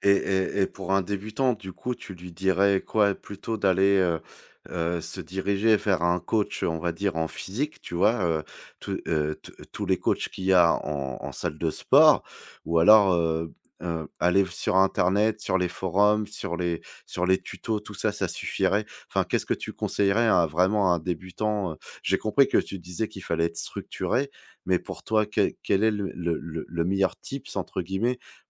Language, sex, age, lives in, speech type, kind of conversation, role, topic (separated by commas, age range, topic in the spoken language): French, male, 35-39, France, conversation, podcast, host, Comment gardes-tu la discipline pour pratiquer une activité sportive régulièrement ?